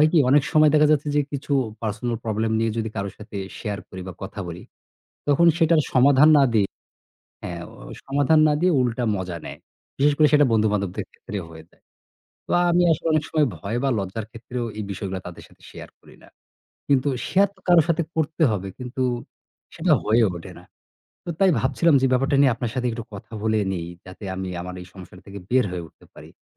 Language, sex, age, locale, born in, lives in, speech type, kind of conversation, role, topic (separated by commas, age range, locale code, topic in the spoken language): Bengali, male, 35-39, bn-BD, Bangladesh, Bangladesh, conversation, advice, user, নিয়মিত সকালে উঠার অভ্যাস গড়ে তুলতে আপনার কী সমস্যা হচ্ছে?